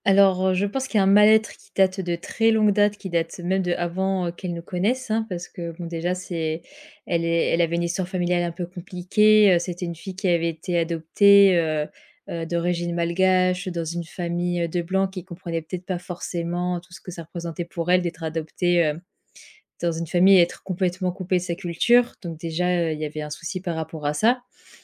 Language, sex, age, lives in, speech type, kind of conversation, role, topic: French, female, 25-29, France, conversation, advice, user, Comment puis-je soutenir un ami en détresse sans me laisser submerger émotionnellement ?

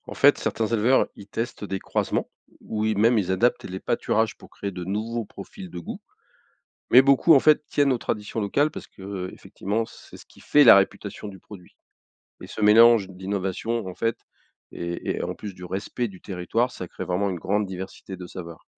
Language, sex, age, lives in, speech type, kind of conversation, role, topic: French, male, 55-59, France, read, expert-assistant, expert, Pourquoi la façon d’élever les animaux varie-t-elle autant selon les pays et les traditions ?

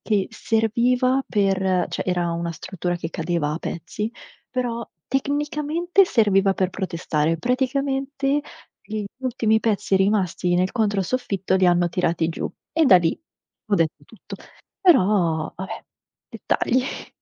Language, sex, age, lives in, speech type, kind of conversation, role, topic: Italian, female, 25-29, Italy, conversation, unstructured, none, È giusto assegnare molti compiti a casa?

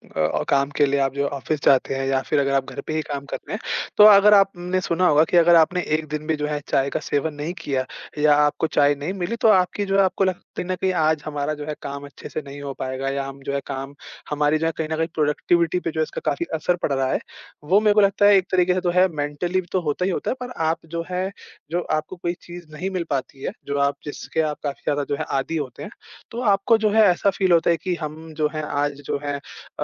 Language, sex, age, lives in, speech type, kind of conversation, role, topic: Hindi, male, 20-24, India, conversation, podcast, guest, घर में आपके लिए चाय या कॉफी का क्या महत्व है?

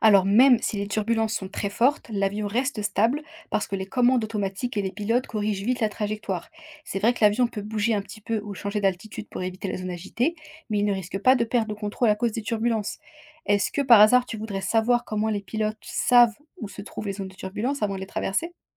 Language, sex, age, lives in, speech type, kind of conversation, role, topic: French, female, 25-29, France, read, expert-assistant, expert, Comment les avions peuvent-ils voler dans le ciel ?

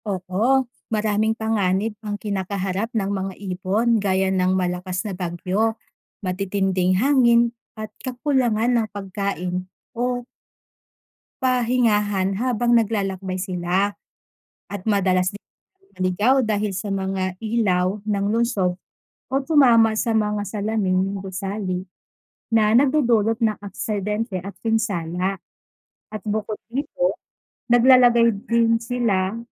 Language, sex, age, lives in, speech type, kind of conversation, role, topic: Filipino, female, 30-34, Philippines, read, expert-assistant, expert, Bakit may mga hayop na naglalakbay ng malalayong distansya taon-taon?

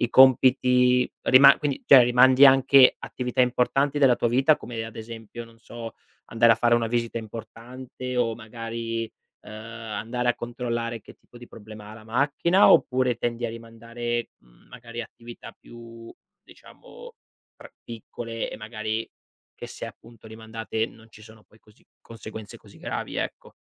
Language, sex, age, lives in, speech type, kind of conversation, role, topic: Italian, male, 25-29, Italy, conversation, advice, advisor, Come fai a procrastinare regolarmente su responsabilità importanti?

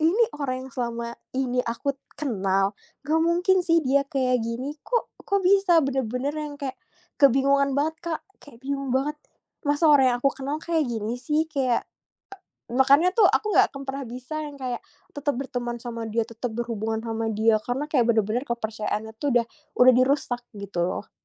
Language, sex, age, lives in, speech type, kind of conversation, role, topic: Indonesian, female, 25-29, Indonesia, conversation, unstructured, none, Menurutmu, seberapa penting kejujuran dalam cinta?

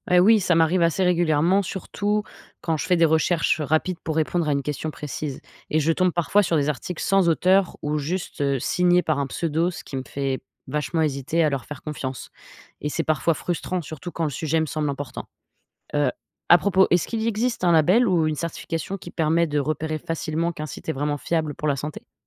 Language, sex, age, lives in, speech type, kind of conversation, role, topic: French, female, 25-29, United States, read, expert-assistant, asker, Comment reconnaître une information médicale fiable sur Internet ?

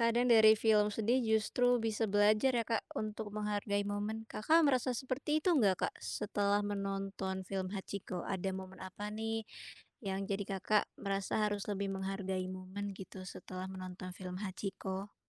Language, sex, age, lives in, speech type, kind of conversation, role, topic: Indonesian, female, 25-29, Indonesia, conversation, podcast, host, Film apa yang pernah membuat kamu menangis atau terbawa perasaan?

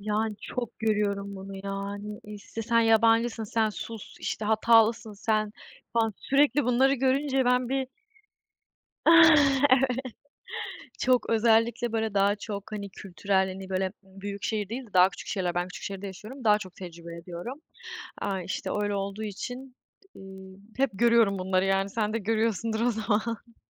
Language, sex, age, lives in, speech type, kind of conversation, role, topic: Turkish, female, 20-24, Germany, conversation, unstructured, none, Kendi hatalarımızı kabul etmek neden önemlidir?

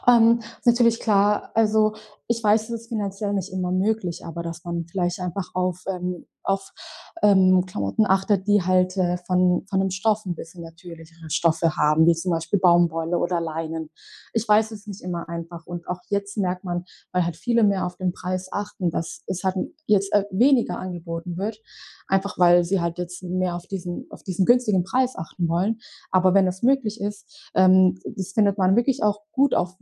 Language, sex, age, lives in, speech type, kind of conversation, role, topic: German, female, 20-24, Germany, conversation, podcast, guest, Was denkst du über Nachhaltigkeit in der Mode?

